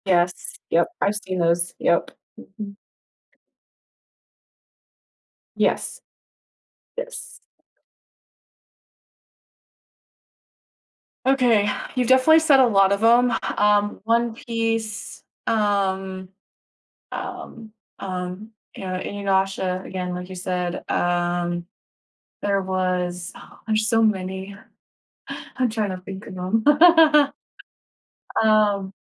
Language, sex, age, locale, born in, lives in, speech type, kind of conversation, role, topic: English, female, 25-29, en-US, United States, United States, conversation, podcast, host, How has your favorite hobby shaped your life or perspective?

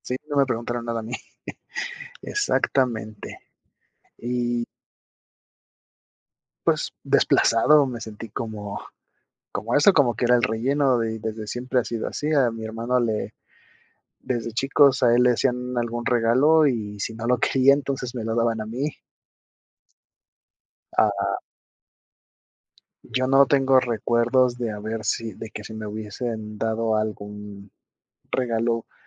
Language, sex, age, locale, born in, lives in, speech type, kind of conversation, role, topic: Spanish, male, 30-34, es-MX, Mexico, Mexico, conversation, advice, user, ¿Qué puedo hacer si me siento excluido de decisiones familiares importantes o de reuniones?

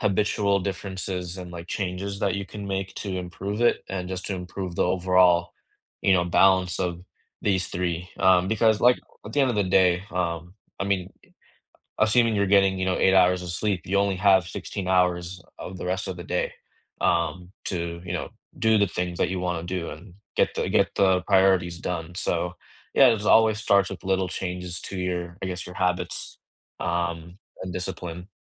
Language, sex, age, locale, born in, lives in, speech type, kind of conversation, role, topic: English, male, 25-29, en-US, India, United States, conversation, unstructured, none, How do you balance homework, hobbies, and rest?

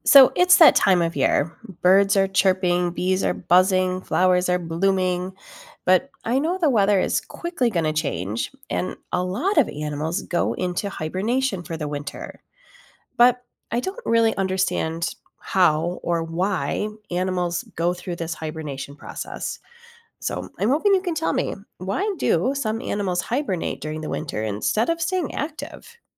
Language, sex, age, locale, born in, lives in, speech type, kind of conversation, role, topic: English, female, 45-49, en-US, United States, United States, read, expert-assistant, asker, Why do some animals hibernate in winter?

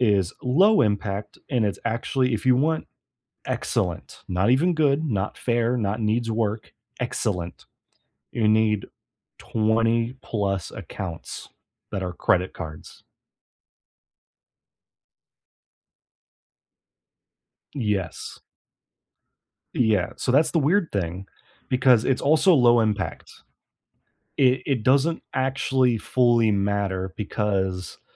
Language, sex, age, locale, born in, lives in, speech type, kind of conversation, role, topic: English, male, 25-29, en-US, United States, United States, conversation, unstructured, none, What surprising factors can affect your credit score?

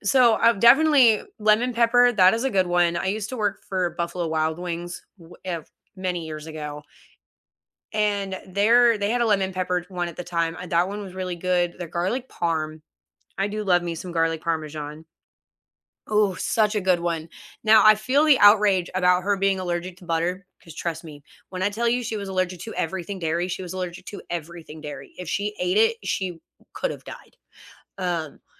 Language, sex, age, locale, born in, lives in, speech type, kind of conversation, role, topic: English, female, 30-34, en-US, United States, United States, conversation, unstructured, none, What snacks and rituals do you consider essential for a great movie night?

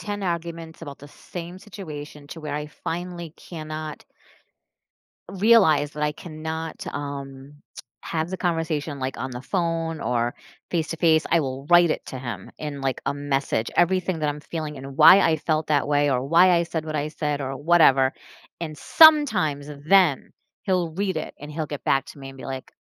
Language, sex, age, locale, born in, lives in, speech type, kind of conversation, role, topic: English, female, 45-49, en-US, United States, United States, conversation, advice, user, How do I start honest conversations with my partner?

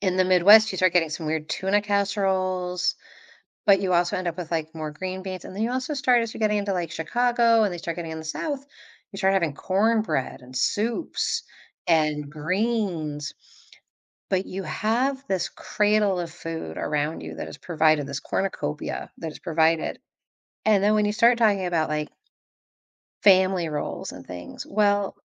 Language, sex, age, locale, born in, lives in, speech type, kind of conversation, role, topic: English, female, 45-49, en-US, United States, United States, conversation, podcast, guest, Why do certain foods bring us comfort and evoke strong memories?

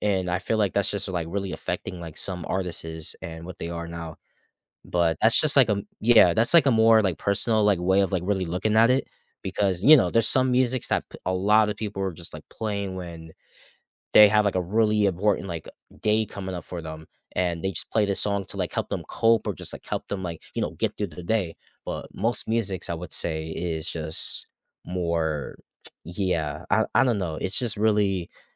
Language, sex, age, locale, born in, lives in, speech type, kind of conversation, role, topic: English, male, 20-24, en-US, United States, United States, conversation, unstructured, none, How does technology like auto-tune, social media, or streaming shape what we consider good music?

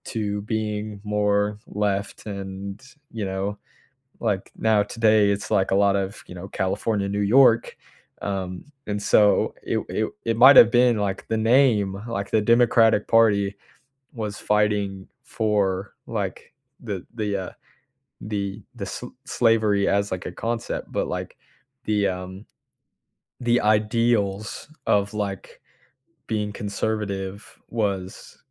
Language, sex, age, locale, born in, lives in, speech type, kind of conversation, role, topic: English, male, 18-19, en-US, United States, United States, conversation, unstructured, none, How does history illustrate the dangers of ignoring warning signs?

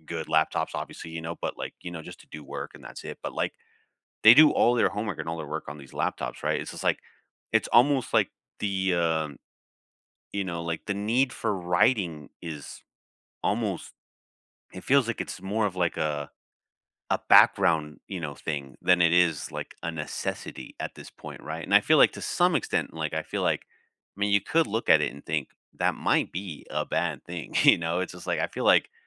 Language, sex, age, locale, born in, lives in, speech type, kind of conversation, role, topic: English, male, 30-34, en-US, United States, United States, conversation, unstructured, none, How has classroom technology helped or hurt your learning?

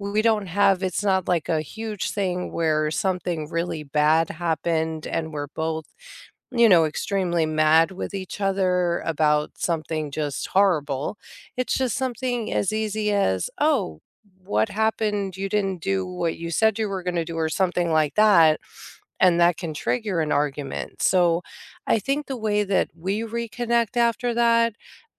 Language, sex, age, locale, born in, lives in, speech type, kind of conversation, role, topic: English, female, 45-49, en-US, United States, United States, conversation, unstructured, none, How do you reconnect after an argument or misunderstanding?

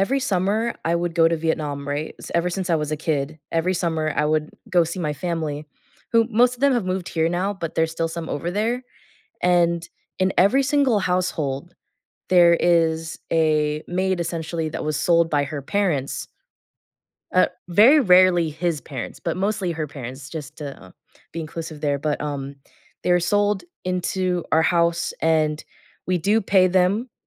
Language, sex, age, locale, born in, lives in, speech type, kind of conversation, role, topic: English, female, 20-24, en-US, United States, United States, conversation, unstructured, none, How do events from the past continue to shape our feelings and relationships today?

